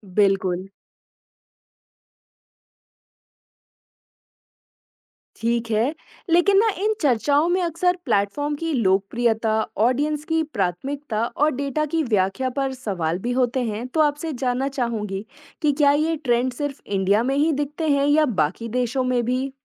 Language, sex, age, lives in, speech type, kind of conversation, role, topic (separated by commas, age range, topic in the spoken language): Hindi, female, 25-29, India, read, expert-assistant, asker, रेडियो और टीवी की नई तकनीकों और उनके असर के बारे में भरोसेमंद जानकारी कहां मिल सकती है?